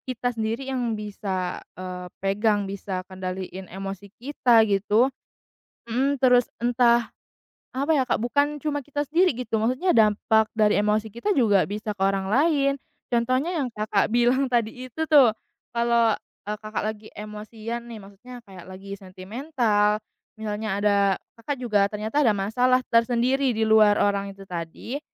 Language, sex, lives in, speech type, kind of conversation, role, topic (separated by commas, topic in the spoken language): Indonesian, female, Indonesia, conversation, podcast, host, Bagaimana cara mendengarkan orang yang sedang marah tanpa ikut terpancing emosi?